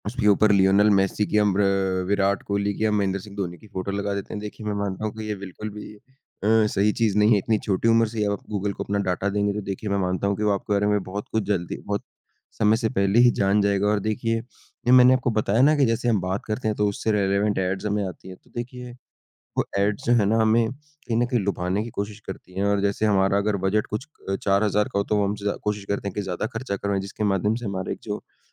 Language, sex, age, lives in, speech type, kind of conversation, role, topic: Hindi, male, 20-24, India, conversation, unstructured, none, क्या आपको लगता है कि तकनीक हमारी निजता को खतरे में डाल रही है?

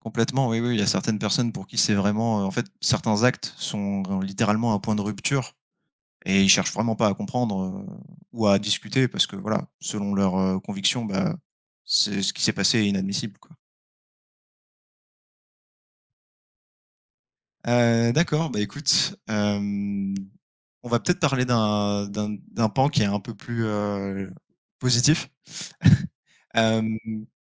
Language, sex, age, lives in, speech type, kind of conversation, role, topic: French, male, 25-29, France, conversation, unstructured, none, Comment réagir lorsqu’un ami vous déçoit profondément ?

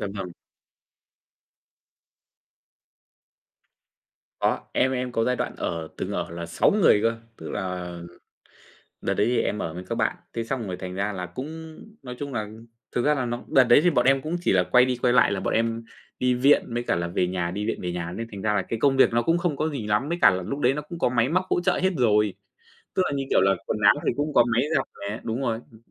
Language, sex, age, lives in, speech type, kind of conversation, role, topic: Vietnamese, male, 25-29, Vietnam, conversation, podcast, guest, Làm sao bạn chia sẻ việc nhà với người khác?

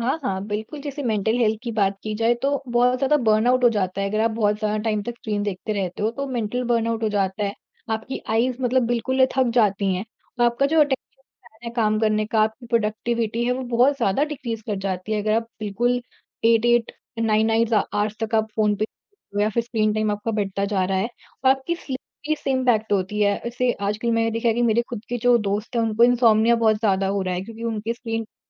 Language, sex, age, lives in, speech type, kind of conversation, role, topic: Hindi, female, 40-44, India, conversation, podcast, guest, Tumhare hisaab se smartphone kaam aur life balance ko kaise badal raha hai?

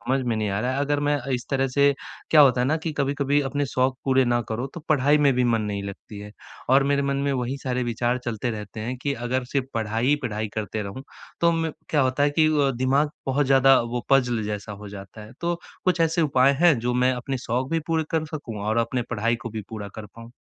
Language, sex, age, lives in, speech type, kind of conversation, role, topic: Hindi, male, 20-24, India, conversation, advice, user, मैं अपने शौक के लिए समय कैसे निकाल सकता/सकती हूँ?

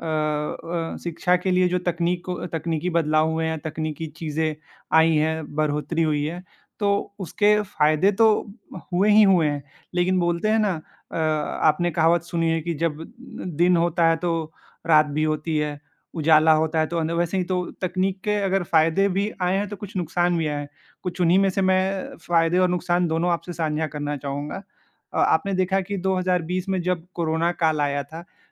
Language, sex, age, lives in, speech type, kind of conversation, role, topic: Hindi, male, 18-19, India, conversation, unstructured, none, क्या तकनीक ने शिक्षा को सभी के लिए अधिक आसान और सुलभ बनाया है?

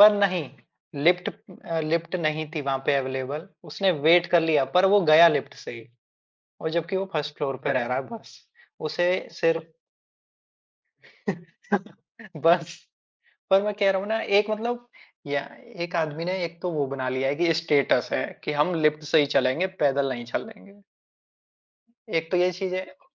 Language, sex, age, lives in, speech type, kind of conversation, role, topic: Hindi, male, 25-29, India, conversation, unstructured, none, आपकी रोज़मर्रा की ज़िंदगी में फिटनेस क्यों ज़रूरी है?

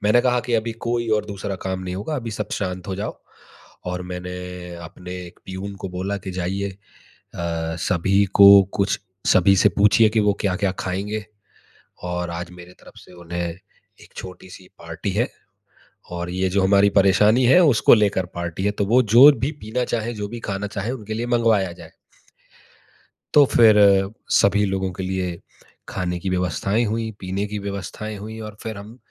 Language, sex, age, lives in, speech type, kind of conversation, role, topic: Hindi, male, 30-34, India, conversation, advice, user, टीम के साथ रचनात्मक अवरोध को जल्दी कैसे तोड़ा जा सकता है?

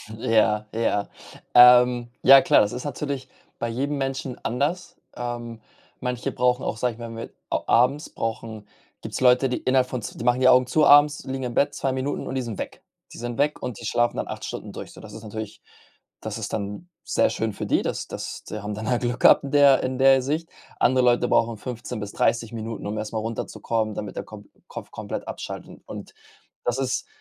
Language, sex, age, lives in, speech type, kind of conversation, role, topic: German, male, 25-29, Spain, conversation, advice, advisor, Welche Schwierigkeiten hast du dabei, tagsüber kurze Nickerchen zur Erholung zu machen?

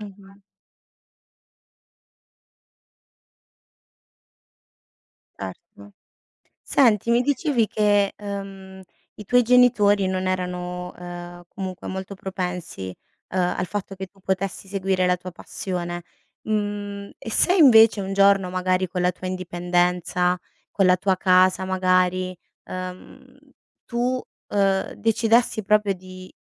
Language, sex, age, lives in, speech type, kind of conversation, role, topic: Italian, female, 30-34, Italy, conversation, podcast, host, Come scegli tra seguire una passione e puntare su un lavoro stabile?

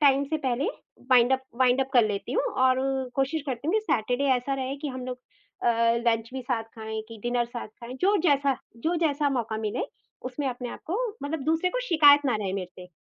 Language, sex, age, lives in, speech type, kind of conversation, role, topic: Hindi, female, 45-49, India, conversation, podcast, guest, Kaam aur ghar ke beech santulan banakar aap apne parivaar ko khush kaise rakhte hain?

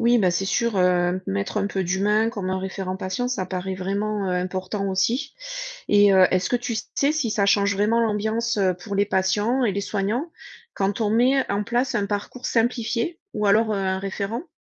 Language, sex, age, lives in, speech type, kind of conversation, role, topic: French, female, 40-44, United States, read, expert-assistant, asker, Avoir plus d’administratif dans les hôpitaux, est-ce que ça aide ou ça complique la vie des patients et des soignants ?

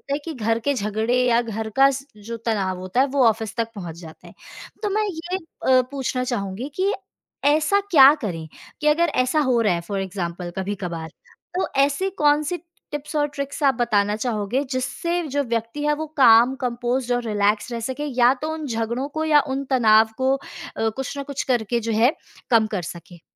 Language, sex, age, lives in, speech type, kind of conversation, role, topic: Hindi, female, 25-29, India, conversation, podcast, host, काम और घर के बीच संतुलन आप कैसे बनाते हैं?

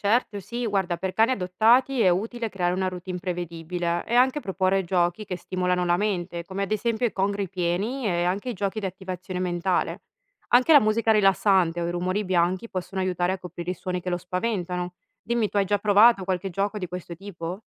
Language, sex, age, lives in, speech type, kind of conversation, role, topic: Italian, female, 30-34, Italy, read, expert-assistant, expert, Quali sono i modi più efficaci per aiutare un animale domestico a superare la paura quando resta solo in casa?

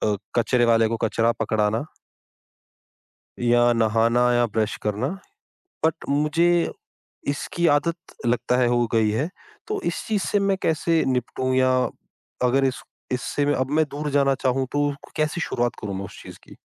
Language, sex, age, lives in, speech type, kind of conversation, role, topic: Hindi, male, 30-34, India, conversation, advice, user, काम टालने की लत और संकोच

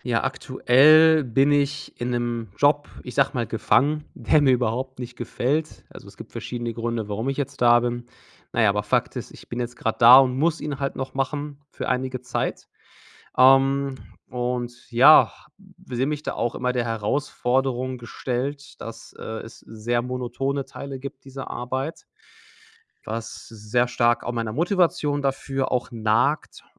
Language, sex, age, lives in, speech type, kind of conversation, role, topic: German, male, 30-34, Germany, conversation, advice, user, Wie finde ich mehr Motivation trotz monotoner Arbeit?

